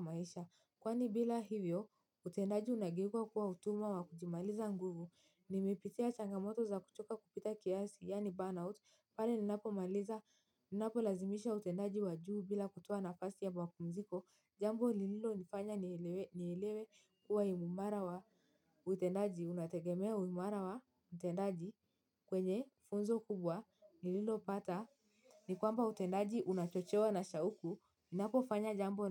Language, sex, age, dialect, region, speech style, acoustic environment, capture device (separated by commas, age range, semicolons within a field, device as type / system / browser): Swahili, female, 18-24, Kenya - Mombasa, Kenya, happy; tired, silence, mobile / Linux / Chrome